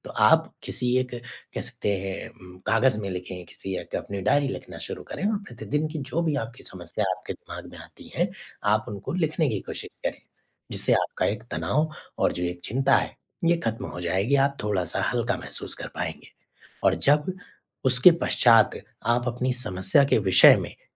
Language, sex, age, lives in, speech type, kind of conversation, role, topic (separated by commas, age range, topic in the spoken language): Hindi, male, 25-29, India, conversation, advice, advisor, मैं अनिश्चित भविष्य को लेकर होने वाली चिंता को कैसे कम कर सकता/सकती हूँ?